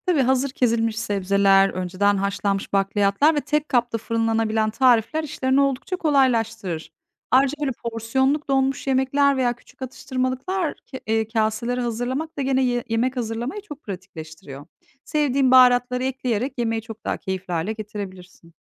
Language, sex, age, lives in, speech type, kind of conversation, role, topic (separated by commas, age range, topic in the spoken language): Turkish, female, 40-44, Netherlands, read, expert-assistant, expert, Haftalık yemek planı yaparken sağlıklı beslenme, pratiklik ve lezzet arasında nasıl önceliklendirme yapmalıyım?